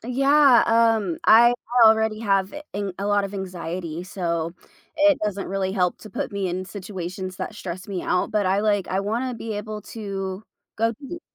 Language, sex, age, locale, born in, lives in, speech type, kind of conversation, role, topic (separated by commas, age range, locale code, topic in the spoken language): English, female, 25-29, en-US, United States, United States, conversation, advice, user, How can I feel more confident and comfortable meeting new people at a party?